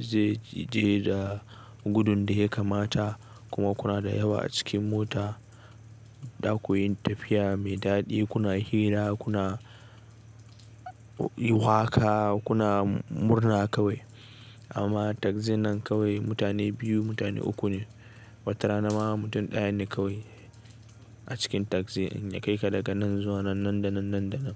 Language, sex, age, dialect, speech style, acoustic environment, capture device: Hausa, male, 18-24, Nigeria - Nigerian Standard, relaxed, people talking; nature; appliances, desktop / Linux / Chrome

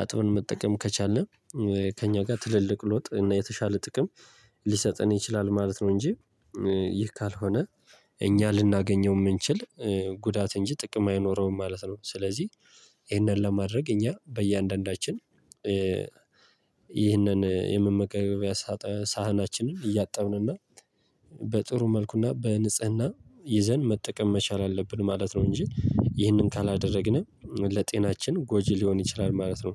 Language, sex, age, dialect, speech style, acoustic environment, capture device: Amharic, male, 18-24, Ethiopia - Gojjam, happy, nature, mobile / Linux / Chrome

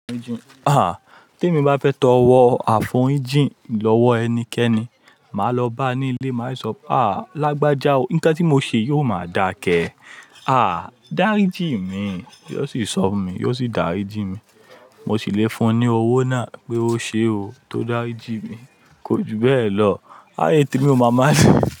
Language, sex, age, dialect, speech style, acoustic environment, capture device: Yoruba, male, 25-34, Nigeria - Lagos Yoruba, happy, people talking, mobile / Linux / Chrome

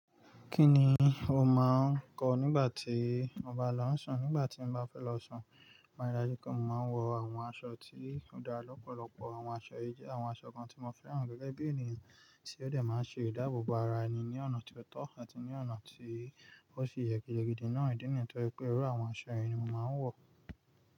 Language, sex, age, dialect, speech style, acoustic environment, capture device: Yoruba, male, 25-34, Nigeria - Nigerian Standard, excited, nature, mobile / Linux / Chrome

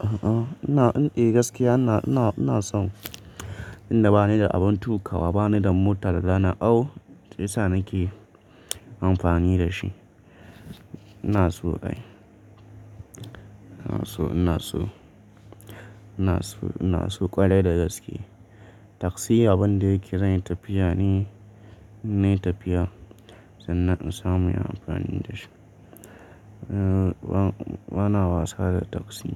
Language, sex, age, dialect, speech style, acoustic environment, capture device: Hausa, male, 25-34, Nigeria - Nigerian Standard, happy, silence, mobile / Linux / Chrome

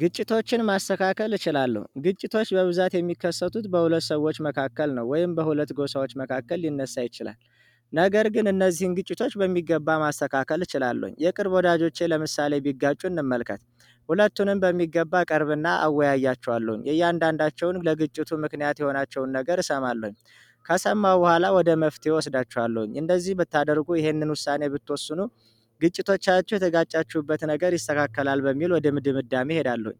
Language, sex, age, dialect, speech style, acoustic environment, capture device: Amharic, male, 25-34, Ethiopia - Addis Ababa, happy; relaxed; focused, people talking; music; nature; traffic, mobile / Android / Chrome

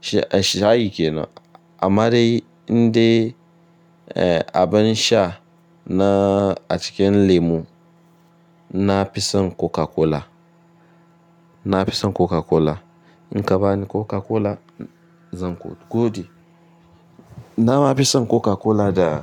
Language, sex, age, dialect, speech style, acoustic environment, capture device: Hausa, male, 25-34, Nigeria - Ibadan, relaxed; focused, nature; appliances, mobile / Linux / Chrome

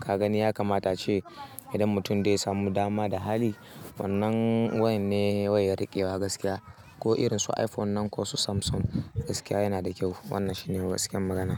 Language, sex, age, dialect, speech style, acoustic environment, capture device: Hausa, male, 18-24, Nigeria - Kano, happy; excited; nervous; anxious; focused; tired, silence, mobile / Linux / Chrome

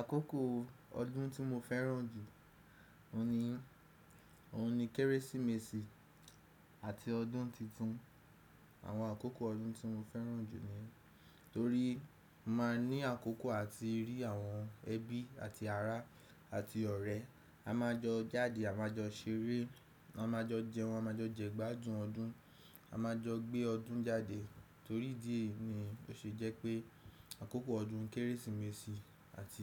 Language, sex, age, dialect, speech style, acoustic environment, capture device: Yoruba, male, 18-24, Nigeria - Nigerian Standard, happy; relaxed; excited, silence, desktop / Windows / Chrome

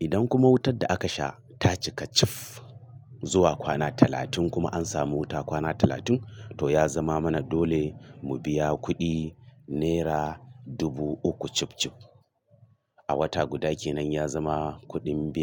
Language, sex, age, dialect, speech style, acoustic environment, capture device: Hausa, male, 45-54, Nigeria - Kano, happy; nervous; excited; tired; focused; stressed; anxious; relaxed, music; traffic; silence; nature; construction; appliances; people talking, mobile / Linux / Chrome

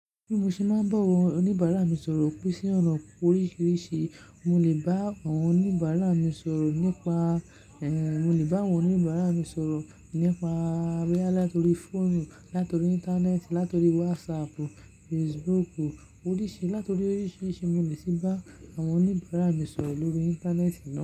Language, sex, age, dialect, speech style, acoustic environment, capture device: Yoruba, male, 18-24, Nigeria - Lagos Yoruba, happy; relaxed; focused, nature; silence, mobile / Linux / Chrome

